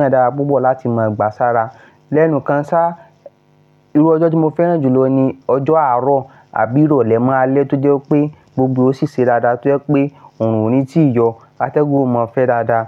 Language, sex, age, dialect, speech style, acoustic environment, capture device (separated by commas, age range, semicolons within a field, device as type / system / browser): Yoruba, male, 25-34, Nigeria - Ibadan, happy, nature, mobile / Linux / Chrome